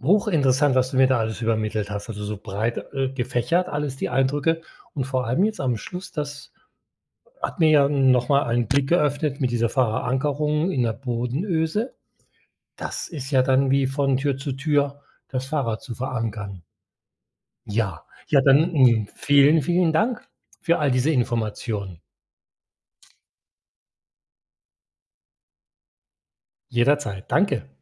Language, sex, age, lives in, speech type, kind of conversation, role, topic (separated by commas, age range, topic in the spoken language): German, male, 60-64, Spain, read, expert-assistant, asker, Wie funktioniert ein Fahrrad?